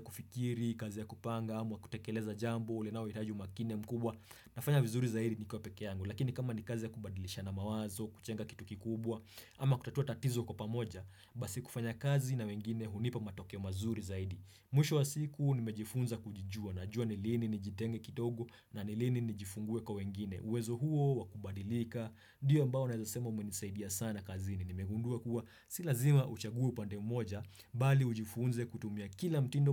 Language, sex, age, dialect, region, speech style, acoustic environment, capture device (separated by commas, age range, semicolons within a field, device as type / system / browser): Swahili, male, 25-34, Kenya - Nairobi Sheng-influenced, Kenya, happy; excited; focused; relaxed, silence, desktop / Windows / Chrome